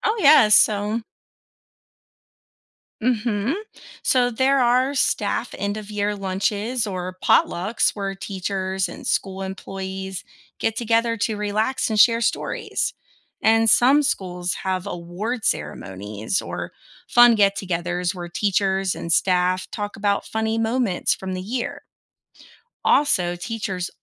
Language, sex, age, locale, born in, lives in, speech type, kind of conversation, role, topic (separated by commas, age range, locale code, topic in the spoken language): English, female, 35-39, en-US, United States, United States, read, expert-assistant, expert, What are some interesting ways people celebrate the end of the school year?